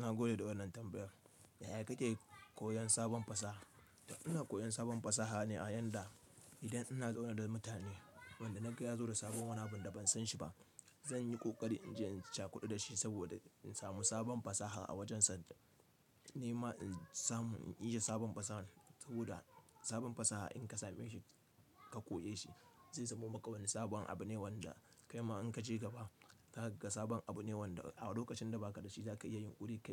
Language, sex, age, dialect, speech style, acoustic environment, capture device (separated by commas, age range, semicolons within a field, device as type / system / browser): Hausa, male, 25-34, Nigeria - Kano, happy; relaxed, nature, mobile / Linux / Chrome